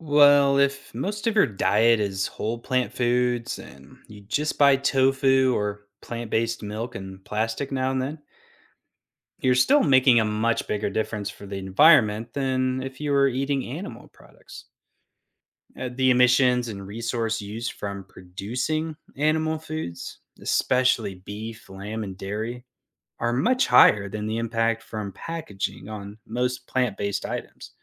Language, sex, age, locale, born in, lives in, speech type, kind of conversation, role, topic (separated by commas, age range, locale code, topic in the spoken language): English, male, 35-39, en-US, United States, United States, read, expert-assistant, expert, Which makes a bigger difference in fighting climate change: driving less or eating less meat?